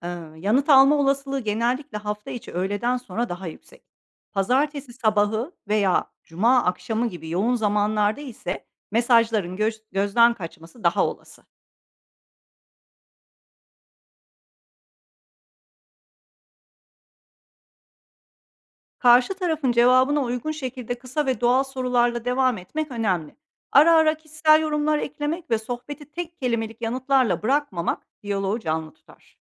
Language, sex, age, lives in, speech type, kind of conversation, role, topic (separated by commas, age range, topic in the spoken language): Turkish, female, 45-49, Netherlands, read, expert-assistant, expert, Kendi işimi kurarken karşılaştığım en büyük engelleri nasıl aşabilirim?